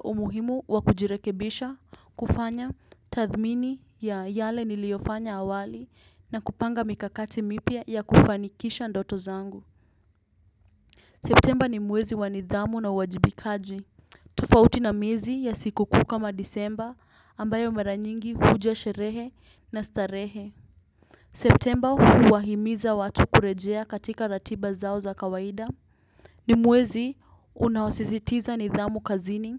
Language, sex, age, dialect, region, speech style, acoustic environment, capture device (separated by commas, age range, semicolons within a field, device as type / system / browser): Swahili, female, 18-24, Kenya - Nairobi Sheng-influenced, Kenya, relaxed, nature; silence, desktop / Windows / Chrome